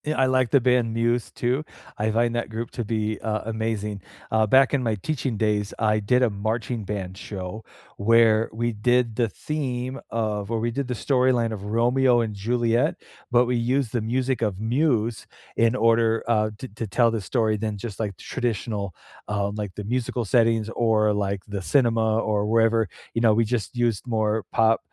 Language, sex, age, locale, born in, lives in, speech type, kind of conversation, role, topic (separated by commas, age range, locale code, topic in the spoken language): English, male, 45-49, en-US, United States, United States, conversation, unstructured, none, What music always lifts your mood, and why?